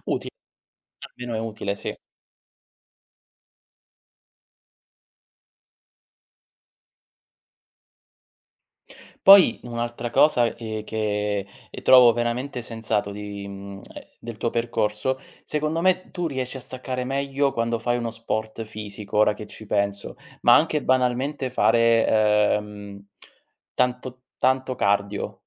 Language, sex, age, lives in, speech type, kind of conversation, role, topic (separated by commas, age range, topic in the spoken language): Italian, male, 30-34, Italy, conversation, unstructured, none, Quali hobby ti aiutano a rilassarti dopo una giornata intensa?